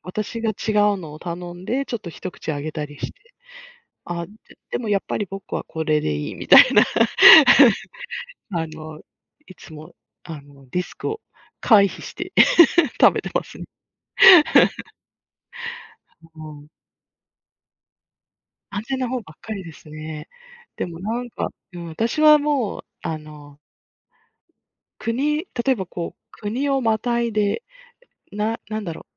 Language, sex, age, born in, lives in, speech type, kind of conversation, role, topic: Japanese, female, 50-54, Japan, United States, conversation, unstructured, none, これまでに変わった食べ物を試したことがありますか？どんな感想でしたか？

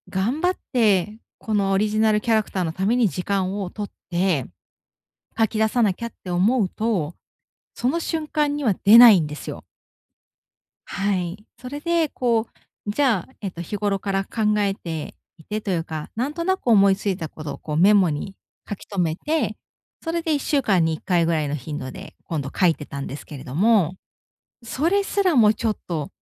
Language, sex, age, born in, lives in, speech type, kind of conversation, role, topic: Japanese, female, 35-39, Japan, Japan, conversation, advice, user, 日々のルーティンを変えて、創造力を高めるにはどうすればよいですか？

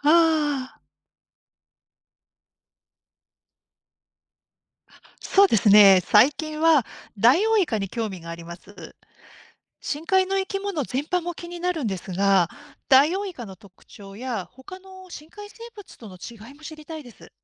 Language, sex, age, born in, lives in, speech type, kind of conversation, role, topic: Japanese, female, 50-54, Japan, Japan, read, expert-assistant, asker, 海の生き物についてもっと深く知るには、どんなステップから始めるとよいですか？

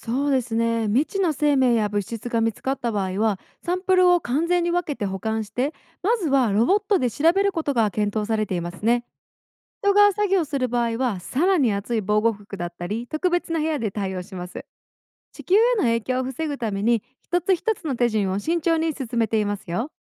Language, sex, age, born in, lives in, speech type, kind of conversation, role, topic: Japanese, female, 25-29, Japan, United States, read, expert-assistant, expert, 地球外生命体の探索における最大の利点とリスクは何ですか？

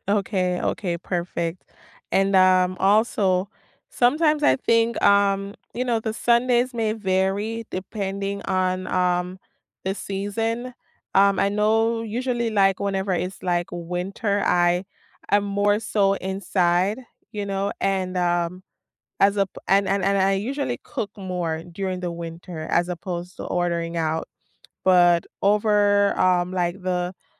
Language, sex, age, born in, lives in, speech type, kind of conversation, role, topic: English, female, 30-34, Jamaica, United States, conversation, unstructured, none, What would your perfect lazy Sunday look like, including the food, music, and simple pleasures that make it feel just right?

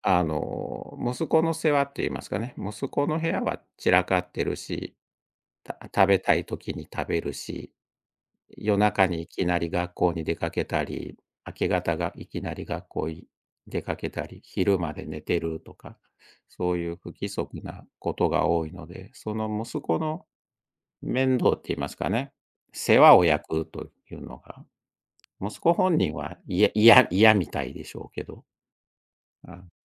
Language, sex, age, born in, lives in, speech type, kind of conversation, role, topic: Japanese, male, 60-64, Japan, Japan, conversation, podcast, guest, 家事の分担はどのように話し合って決めるのがよいですか？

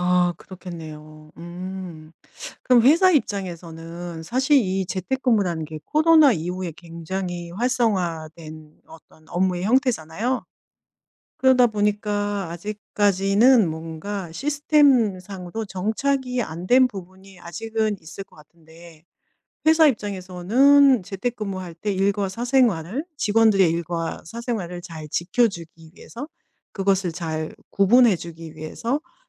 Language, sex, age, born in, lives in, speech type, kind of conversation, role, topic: Korean, female, 50-54, South Korea, Germany, conversation, podcast, host, 재택근무할 때 일과 사생활을 어떻게 구분하시나요?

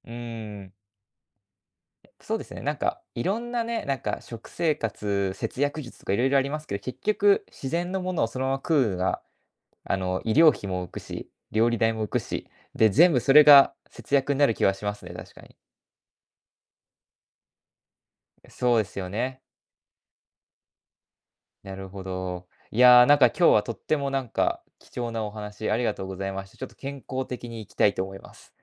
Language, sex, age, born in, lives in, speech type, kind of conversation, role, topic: Japanese, male, 20-24, Japan, Japan, conversation, podcast, host, 最近、どんなエコな習慣を試していますか？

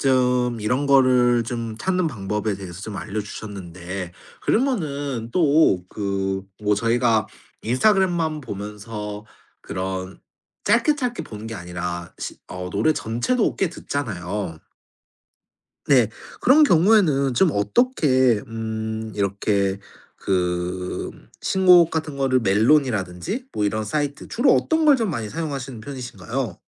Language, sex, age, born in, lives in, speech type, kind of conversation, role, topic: Korean, male, 25-29, South Korea, Japan, conversation, podcast, host, 요즘은 주로 어떤 방법으로 신곡을 찾아 들으시나요?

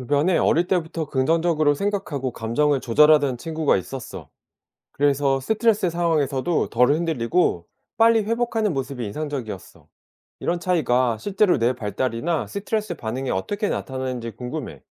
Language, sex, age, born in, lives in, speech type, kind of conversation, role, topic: Korean, male, 40-44, South Korea, South Korea, read, expert-assistant, asker, 진화의 관점에서 스트레스를 다루는 방법이 있을까요?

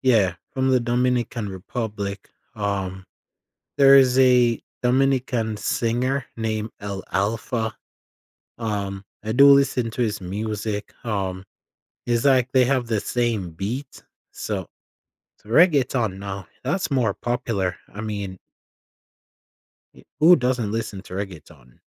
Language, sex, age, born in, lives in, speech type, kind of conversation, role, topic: English, male, 20-24, Jamaica, United States, conversation, podcast, guest, In what ways can music help build connections between people from different backgrounds?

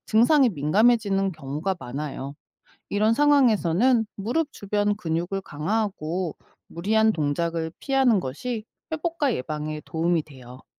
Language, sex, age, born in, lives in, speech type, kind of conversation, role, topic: Korean, female, 45-49, South Korea, United States, read, expert-assistant, expert, 무릎 부상 시 정형외과와 재활의학과 중 어디를 먼저 가야 할까요?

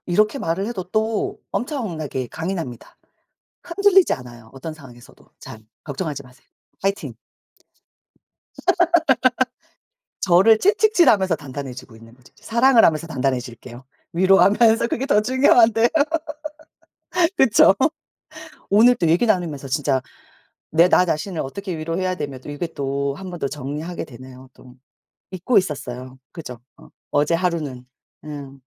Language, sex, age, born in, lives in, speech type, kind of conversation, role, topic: Korean, female, 40-44, South Korea, South Korea, conversation, unstructured, none, 스트레스를 받을 때 자신을 위로하는 방법이 있나요?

